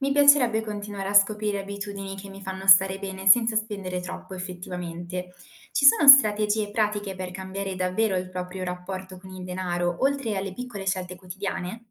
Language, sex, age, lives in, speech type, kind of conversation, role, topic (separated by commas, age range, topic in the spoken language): Italian, female, 20-24, Italy, read, expert-assistant, asker, Cosa dovrei valutare quando decido tra investire per il futuro e godermi il presente, soprattutto in un contesto di incertezza economica?